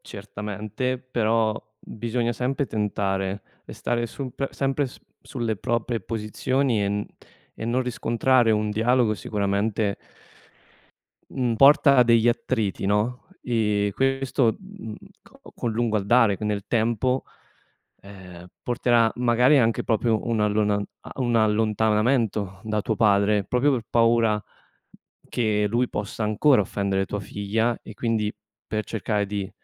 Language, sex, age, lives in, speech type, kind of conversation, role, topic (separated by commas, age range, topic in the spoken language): Italian, male, 25-29, Italy, conversation, advice, advisor, Come posso dire a un familiare che una sua battuta mi ha ferito?